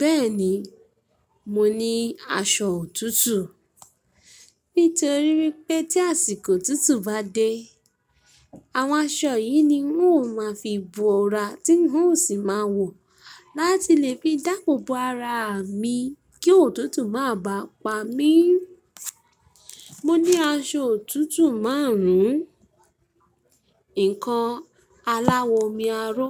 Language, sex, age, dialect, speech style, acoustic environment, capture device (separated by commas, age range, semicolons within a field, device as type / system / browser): Yoruba, female, 25-34, Nigeria - Ibadan, relaxed, nature, mobile / Linux / Chrome